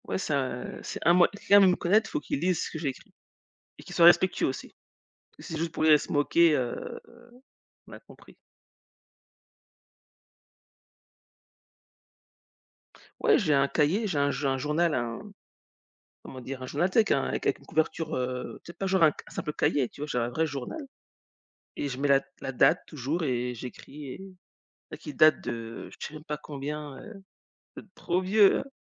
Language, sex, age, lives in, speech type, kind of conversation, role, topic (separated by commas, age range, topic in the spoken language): French, female, 40-44, United States, conversation, unstructured, none, De quelle façon préfères-tu montrer qui tu es ?